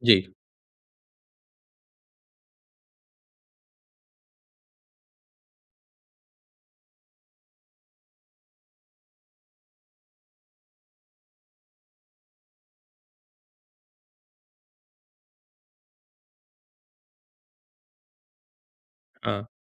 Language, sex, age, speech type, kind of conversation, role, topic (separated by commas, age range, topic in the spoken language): Hindi, male, 40-44, conversation, advice, advisor, मैं एक छोटी टीम कैसे बना सकता/सकती हूँ और उन्हें प्रेरित कैसे रख सकता/सकती हूँ?